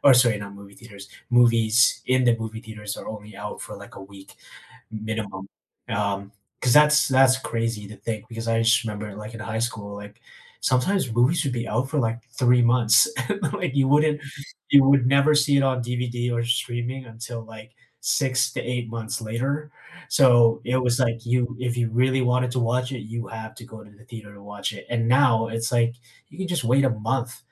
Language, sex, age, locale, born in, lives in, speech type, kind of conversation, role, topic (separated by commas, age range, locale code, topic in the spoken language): English, male, 40-44, en-CA, Canada, Canada, conversation, unstructured, none, What are your movie theater rituals and your perfect snack combo, and what stories are behind them?